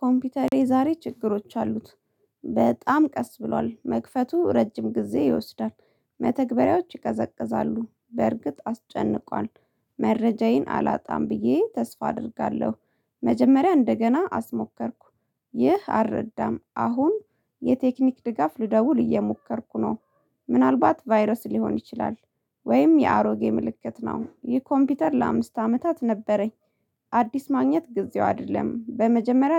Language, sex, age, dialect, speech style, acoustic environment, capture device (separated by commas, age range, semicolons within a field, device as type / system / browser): Amharic, female, 25-34, Ethiopia - Addis Ababa, happy; relaxed; focused; excited, silence, mobile / Linux / Chrome